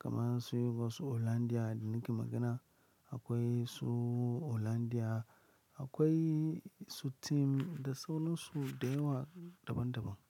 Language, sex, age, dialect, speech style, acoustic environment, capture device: Hausa, male, 25-34, Nigeria - Lagos Yoruba, happy; excited, silence, mobile / Linux / Chrome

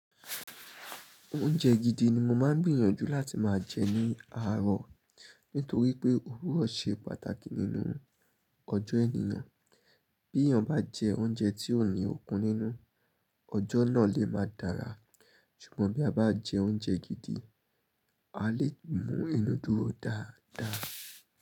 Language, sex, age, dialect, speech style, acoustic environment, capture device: Yoruba, male, 25-34, Nigeria - Nigerian Standard, happy, silence, mobile / Linux / Chrome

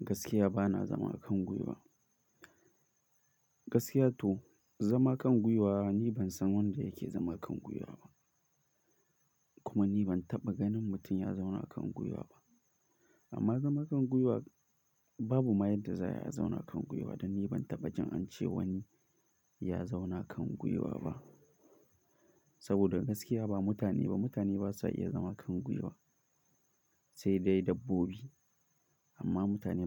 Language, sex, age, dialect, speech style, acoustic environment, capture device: Hausa, male, 18-24, Nigeria - Kano, focused, silence; nature, mobile / Linux / Chrome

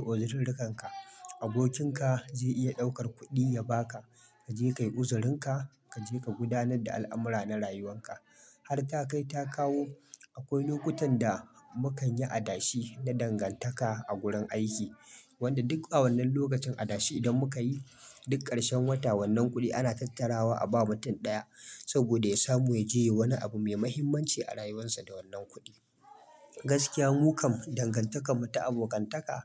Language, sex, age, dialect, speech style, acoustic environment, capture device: Hausa, male, 25-34, Nigeria - Kano, happy; relaxed; excited; focused, silence, mobile / Linux / Chrome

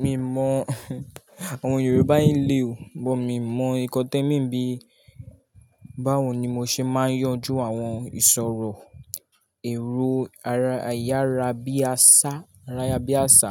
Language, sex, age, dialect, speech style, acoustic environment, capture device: Yoruba, male, 18-24, Nigeria - Lagos Yoruba, relaxed, nature; appliances, mobile / Linux / Chrome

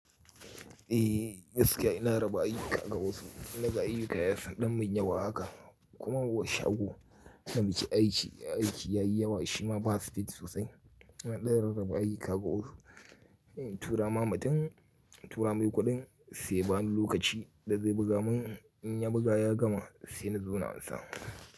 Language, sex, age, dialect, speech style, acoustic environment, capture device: Hausa, male, 35-44, Nigeria - Kano, happy, nature, mobile / Linux / Chrome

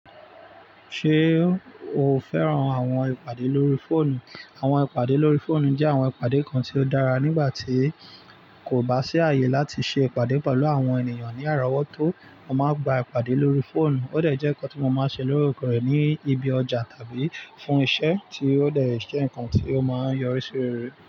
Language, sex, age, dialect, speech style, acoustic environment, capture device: Yoruba, male, 25-34, Nigeria - Lagos Yoruba, relaxed, nature, mobile / Linux / Chrome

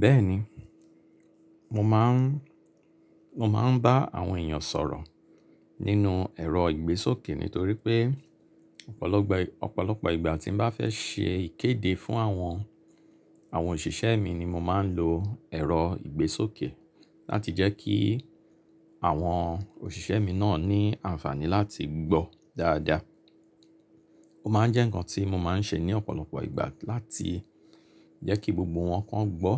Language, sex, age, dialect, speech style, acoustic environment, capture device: Yoruba, male, 35-44, Nigeria - Lagos Yoruba, relaxed, silence, mobile / Linux / Chrome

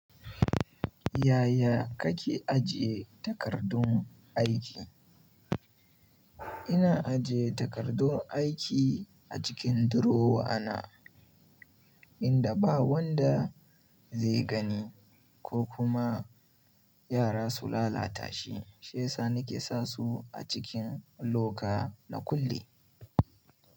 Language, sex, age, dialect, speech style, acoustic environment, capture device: Hausa, male, 18-24, Nigeria - Kano, happy; excited, people talking; silence, mobile / Linux / Chrome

